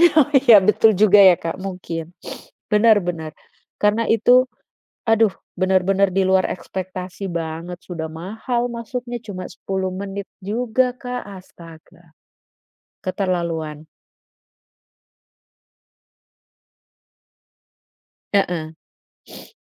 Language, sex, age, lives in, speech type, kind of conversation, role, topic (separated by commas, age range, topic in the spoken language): Indonesian, female, 35-39, Netherlands, conversation, unstructured, none, Apakah kamu setuju bahwa banyak tempat wisata menjadi terlalu komersial dan kehilangan keasliannya?